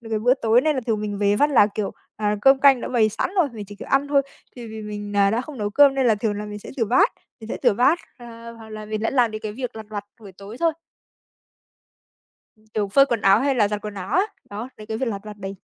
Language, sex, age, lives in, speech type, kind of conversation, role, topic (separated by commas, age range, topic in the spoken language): Vietnamese, female, 25-29, Vietnam, conversation, podcast, guest, Bạn chia sẻ việc nhà với người khác như thế nào?